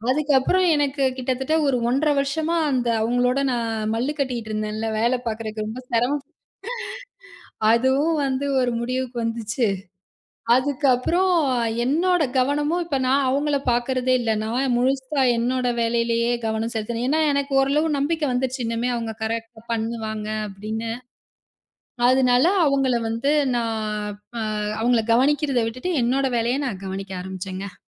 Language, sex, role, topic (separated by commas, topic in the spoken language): Tamil, female, guest, பணியில் கவனம் சிதறும்போது அதை நீங்கள் எப்படி சமாளிக்கிறீர்கள்?